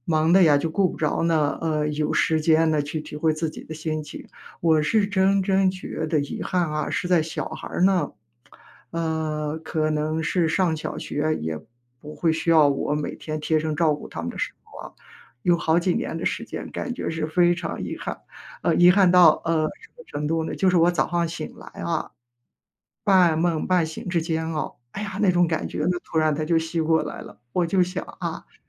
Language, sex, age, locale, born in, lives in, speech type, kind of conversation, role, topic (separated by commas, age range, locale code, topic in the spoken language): Chinese, female, 55-59, zh-CN, China, United States, conversation, advice, user, 我该如何在创业和家庭生活之间取得平衡？